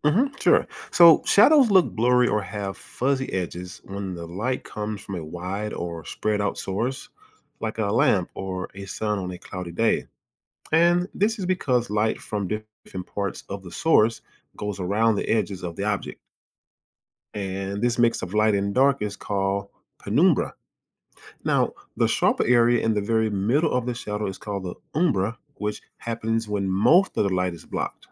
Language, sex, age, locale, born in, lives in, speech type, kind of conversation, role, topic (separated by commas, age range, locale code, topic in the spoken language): English, male, 40-44, en-US, United States, United States, read, expert-assistant, expert, What causes shadows to form?